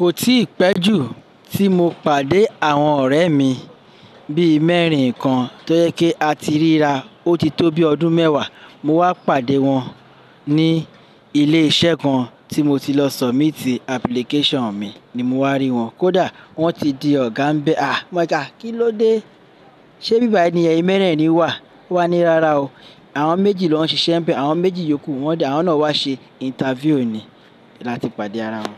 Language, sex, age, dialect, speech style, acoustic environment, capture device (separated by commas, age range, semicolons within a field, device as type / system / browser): Yoruba, male, 35-44, Nigeria - Lagos Yoruba, happy, people talking, desktop / Linux / Chrome